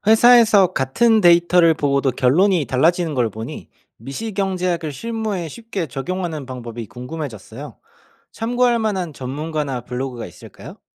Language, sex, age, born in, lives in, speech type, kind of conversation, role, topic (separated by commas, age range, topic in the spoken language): Korean, male, 30-34, South Korea, Germany, read, expert-assistant, asker, 실생활에 적용할 수 있는 미시경제학 지식을 알려주는 전문가나 블로그를 추천해 주실 수 있나요?